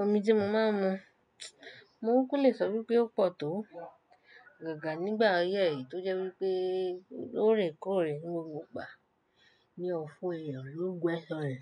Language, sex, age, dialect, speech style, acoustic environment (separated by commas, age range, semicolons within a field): Yoruba, female, 25-34, Nigeria - Nigerian Standard, happy; relaxed, silence